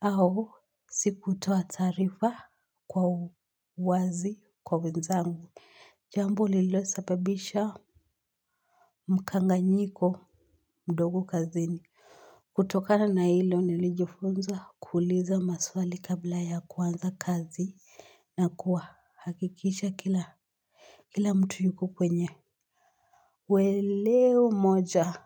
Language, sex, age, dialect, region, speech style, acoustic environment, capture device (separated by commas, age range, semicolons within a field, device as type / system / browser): Swahili, female, 18-24, Kenya - Nairobi Sheng-influenced, Kenya, excited; focused; happy, silence, mobile / Linux / Chrome